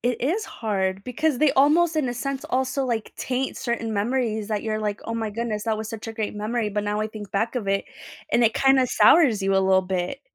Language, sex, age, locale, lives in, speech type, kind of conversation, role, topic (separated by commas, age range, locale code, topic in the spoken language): English, female, 30-34, en-US, United States, conversation, unstructured, none, How do you recognize and deal with unhealthy relationships in your life?